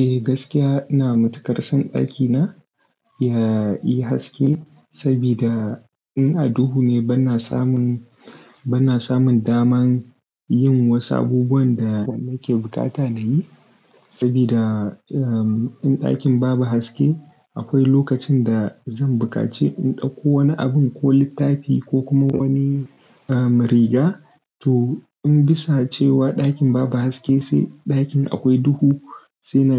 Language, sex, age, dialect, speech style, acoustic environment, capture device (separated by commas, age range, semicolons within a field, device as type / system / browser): Hausa, male, 18-24, Nigeria - Kano, focused; excited; relaxed; happy, nature, desktop / Linux / Chrome